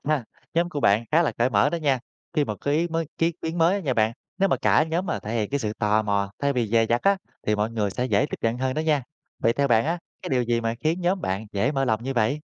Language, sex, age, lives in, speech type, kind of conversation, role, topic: Vietnamese, male, 30-34, Vietnam, read, expert-assistant, expert, Làm sao để thảo luận về thần học với người khác mà vẫn giữ được sự tôn trọng và cởi mở?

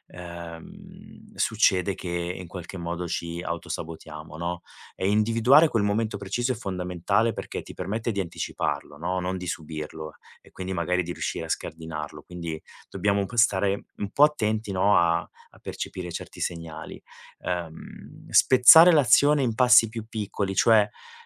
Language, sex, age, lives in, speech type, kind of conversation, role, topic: Italian, male, 40-44, Italy, conversation, advice, advisor, Come ti auto-saboti quando si presenta una nuova opportunità?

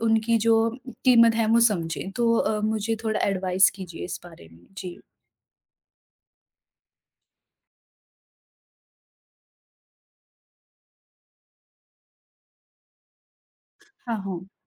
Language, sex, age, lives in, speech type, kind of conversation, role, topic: Hindi, female, 40-44, India, conversation, advice, user, मैं अपने बॉस से वेतन बढ़ोतरी के लिए बातचीत कैसे करूँ?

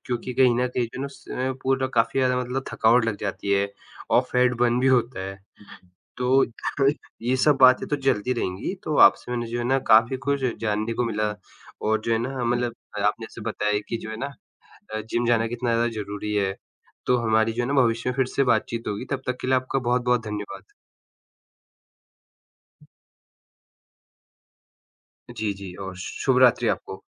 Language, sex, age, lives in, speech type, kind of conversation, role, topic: Hindi, male, 20-24, India, conversation, unstructured, none, क्या फिट रहने के लिए जिम जाना ज़रूरी है या घर पर रहकर भी फिट रहा जा सकता है?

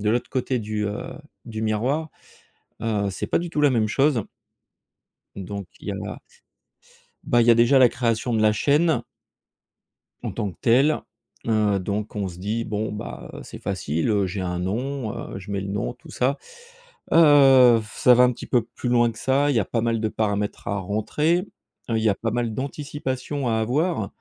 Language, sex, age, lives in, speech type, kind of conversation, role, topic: French, male, 45-49, France, conversation, podcast, guest, Peux-tu raconter un moment où tu as beaucoup appris en créant ?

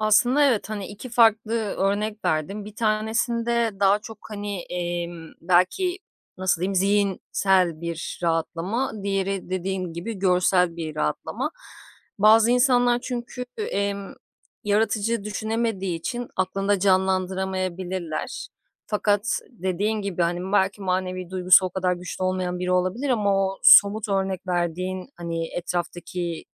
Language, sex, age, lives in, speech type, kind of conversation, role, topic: Turkish, female, 35-39, Greece, conversation, podcast, host, Zihnini susturup çalışmaya başlamanın püf noktaları neler?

